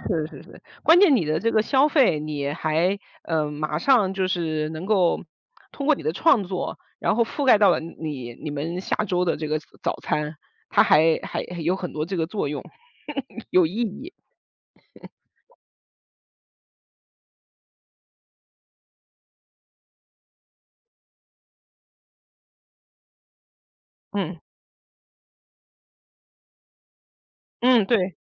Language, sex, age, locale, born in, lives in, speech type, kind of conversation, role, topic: Chinese, female, 40-44, zh-CN, China, United States, conversation, podcast, host, 你周末最喜欢用什么方式放松自己？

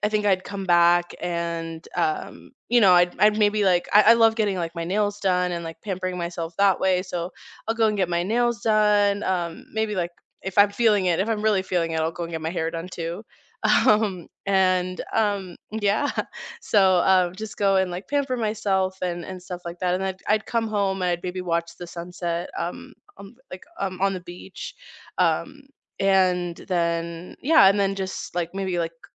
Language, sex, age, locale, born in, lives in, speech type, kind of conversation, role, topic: English, female, 20-24, en-US, United States, United States, conversation, unstructured, none, What is your ideal lazy Sunday, from your first coffee to your evening wind-down?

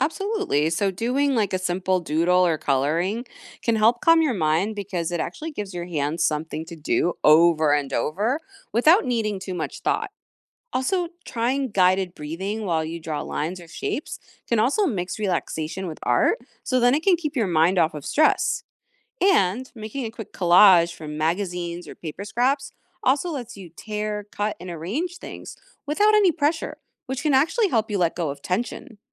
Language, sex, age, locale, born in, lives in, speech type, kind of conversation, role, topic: English, female, 40-44, en-US, United States, United States, read, expert-assistant, expert, How can creating art help you express yourself?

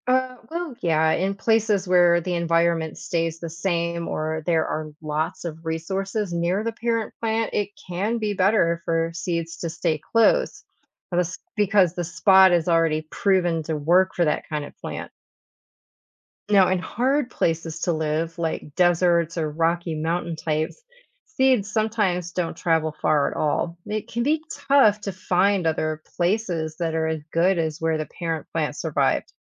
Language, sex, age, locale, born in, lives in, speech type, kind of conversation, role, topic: English, female, 50-54, en-US, United States, United States, read, expert-assistant, expert, How do seeds travel between locations?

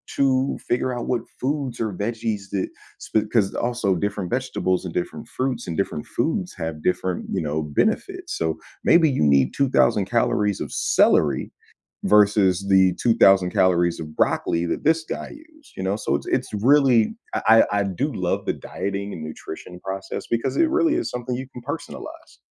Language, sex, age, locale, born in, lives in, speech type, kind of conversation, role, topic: English, male, 30-34, en-US, United States, United States, conversation, podcast, guest, What does a balanced diet look like to you?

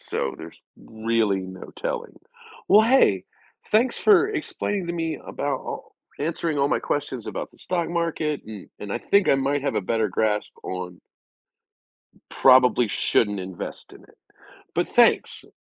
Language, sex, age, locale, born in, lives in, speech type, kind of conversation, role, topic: English, male, 45-49, en-US, United States, United States, read, expert-assistant, asker, How does the stock market function and what influences it?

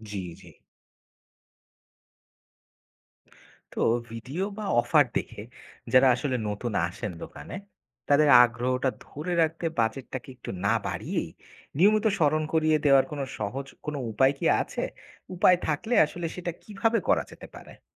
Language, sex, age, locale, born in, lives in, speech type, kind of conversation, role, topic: Bengali, male, 25-29, bn-BD, Bangladesh, Bangladesh, read, expert-assistant, asker, সীমিত বাজেটে নতুন বাজারে ছোট ব্যবসা কীভাবে সফল হতে পারে?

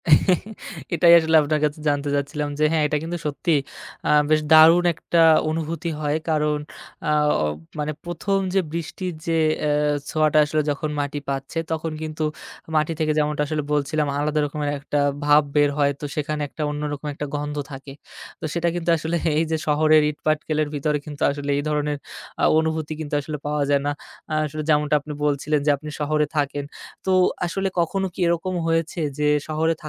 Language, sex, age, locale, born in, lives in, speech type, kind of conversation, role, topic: Bengali, male, 25-29, bn-BD, Bangladesh, Bangladesh, conversation, podcast, host, মাটির গন্ধ পেলে আপনার ভেতরে কী কী পরিবর্তন আসে?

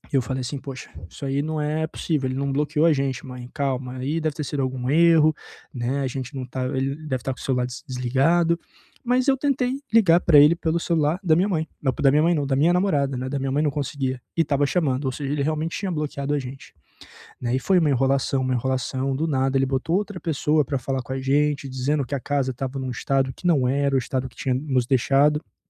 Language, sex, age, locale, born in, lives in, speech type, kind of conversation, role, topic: Portuguese, male, 25-29, pt-BR, Brazil, Portugal, conversation, advice, user, Como posso relaxar em casa depois de dias muito estressantes?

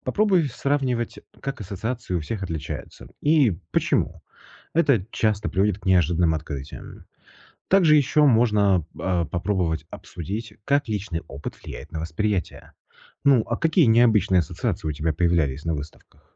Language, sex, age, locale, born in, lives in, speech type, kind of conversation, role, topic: Russian, male, 35-39, ru-RU, Russia, Italy, read, expert-assistant, expert, Какие необычные способы обсуждения искусства могут сделать разговор живее и интереснее?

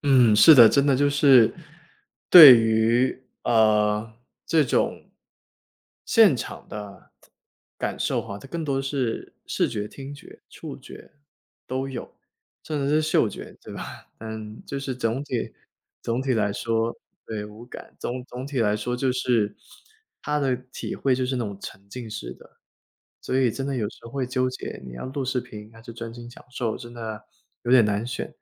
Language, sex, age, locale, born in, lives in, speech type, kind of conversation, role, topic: Chinese, male, 25-29, zh-CN, China, United States, conversation, podcast, guest, 看现场演出时，你会录视频还是专心享受？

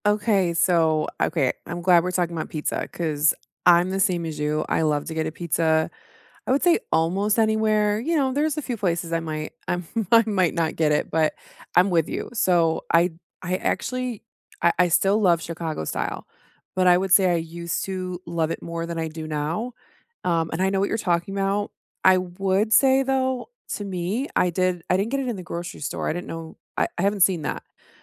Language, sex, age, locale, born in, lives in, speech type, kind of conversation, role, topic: English, female, 35-39, en-US, United States, United States, conversation, unstructured, none, What is the best street food you’ve tried while traveling, and where can you find it?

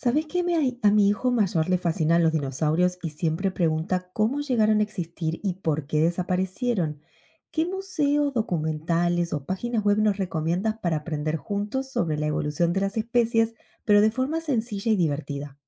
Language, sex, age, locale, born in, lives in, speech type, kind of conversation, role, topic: Spanish, female, 35-39, es-AR, Argentina, United States, read, expert-assistant, asker, ¿Cuáles son los museos, documentales o sitios web más recomendados para aprender sobre la evolución de las especies?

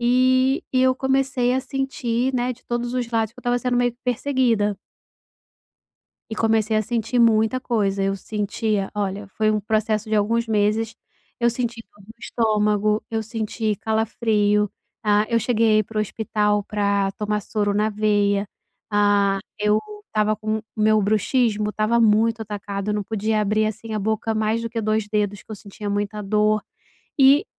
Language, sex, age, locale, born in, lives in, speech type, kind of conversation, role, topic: Portuguese, female, 35-39, pt-BR, Brazil, Portugal, conversation, podcast, guest, Que sinais indicam que é hora de pedir ajuda?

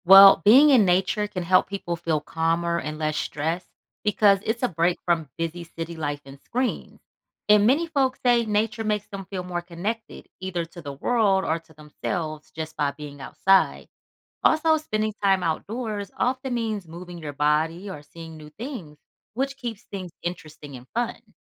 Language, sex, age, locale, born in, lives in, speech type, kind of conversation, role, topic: English, female, 35-39, en-US, United States, United States, read, expert-assistant, expert, Why do people enjoy spending time in nature?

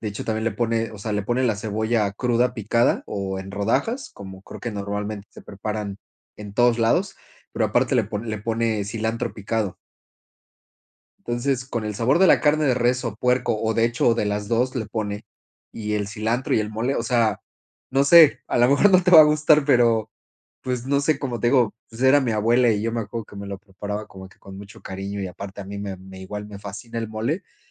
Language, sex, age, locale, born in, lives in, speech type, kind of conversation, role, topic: Spanish, male, 40-44, es-MX, Mexico, Spain, conversation, unstructured, none, ¿Cuál es tu plato típico favorito y por qué?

